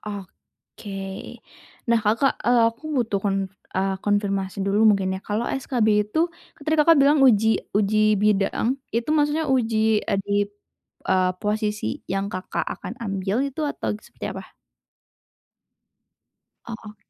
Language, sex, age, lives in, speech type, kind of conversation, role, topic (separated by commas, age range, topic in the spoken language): Indonesian, female, 20-24, Indonesia, conversation, advice, advisor, Bagaimana cara mengatasi rasa takut gagal saat ekspektasi dari orang lain dan diri sendiri terasa sangat tinggi?